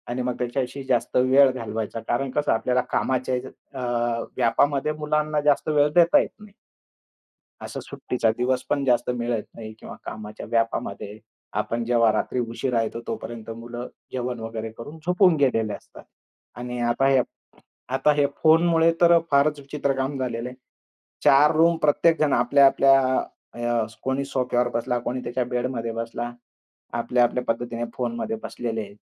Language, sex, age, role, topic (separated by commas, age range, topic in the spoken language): Marathi, male, 45-49, guest, मुले आणि पालकांमधील संवाद वाढवण्यासाठी तुम्ही काय करता?